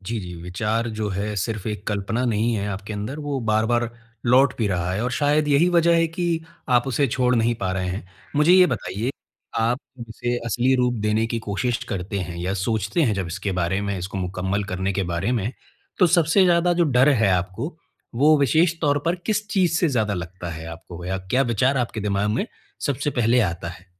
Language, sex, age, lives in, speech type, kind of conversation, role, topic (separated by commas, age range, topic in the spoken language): Hindi, male, 25-29, India, conversation, advice, advisor, मैं अपने विचार को एक वास्तविक उत्पाद में कैसे बदलूँ?